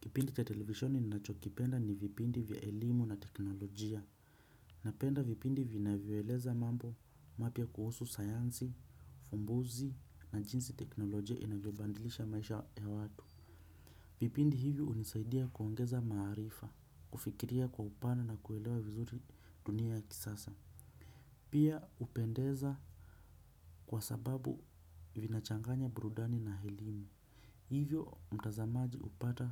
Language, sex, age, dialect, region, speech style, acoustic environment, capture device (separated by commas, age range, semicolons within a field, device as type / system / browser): Swahili, male, 25-34, Kenya - Nairobi Sheng-influenced, Kenya, relaxed; focused, silence, desktop / Windows / Chrome